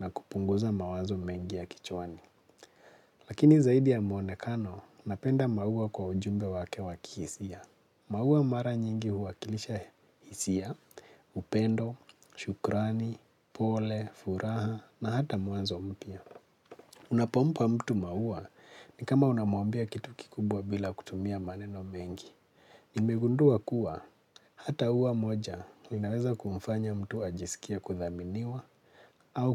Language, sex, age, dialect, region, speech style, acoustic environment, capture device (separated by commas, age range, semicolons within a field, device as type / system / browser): Swahili, male, 25-34, Kenya - Nairobi Sheng-influenced, Kenya, relaxed, silence; nature; appliances, mobile / Linux / Chrome